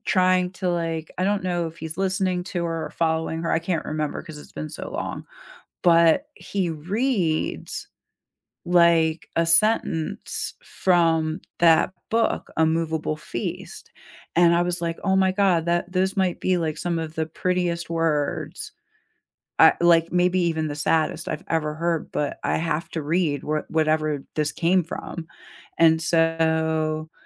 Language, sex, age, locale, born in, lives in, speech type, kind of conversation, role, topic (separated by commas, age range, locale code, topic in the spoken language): English, female, 45-49, en-US, United States, United States, conversation, unstructured, none, What story—book, show, or movie—has been living rent-free in your head lately, and why?